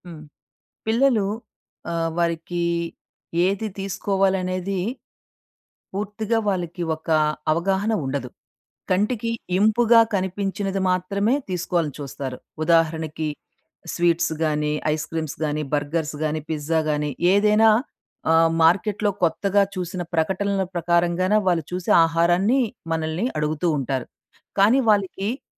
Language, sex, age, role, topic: Telugu, female, 40-44, guest, మీకు అనిపిస్తున్న ఆకలి నిజమైనదా, లేక కేవలం అలవాటా అని మీరు ఎలా గుర్తిస్తారు?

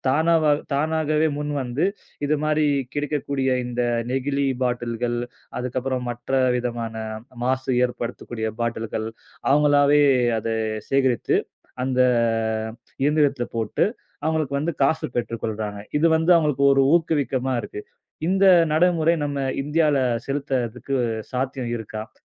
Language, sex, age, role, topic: Tamil, male, 30-34, host, பிளாஸ்டிக் மாசு பற்றி நீங்கள் பொதுமக்களுக்கு என்ன சொல்லுவீர்கள்?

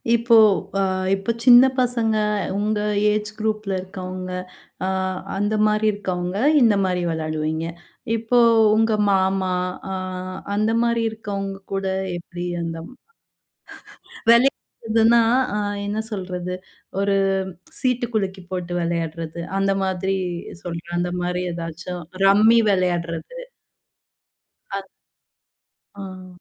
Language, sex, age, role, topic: Tamil, female, 30-34, host, ஒரு சாதாரண குடும்ப விழாவில் நீங்கள் எந்தெந்த பொழுதுபோக்குகளை ஏற்பாடு செய்வீர்கள்?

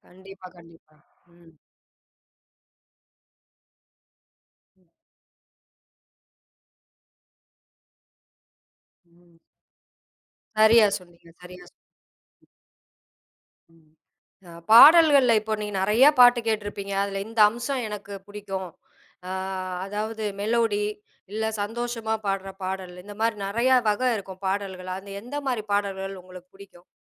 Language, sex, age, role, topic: Tamil, female, 40-44, host, ஒரு பாடலைக் கேட்கும் போது உங்களை முதலில் ஈர்ப்பது சொற்களா, அல்லது மெட்டா?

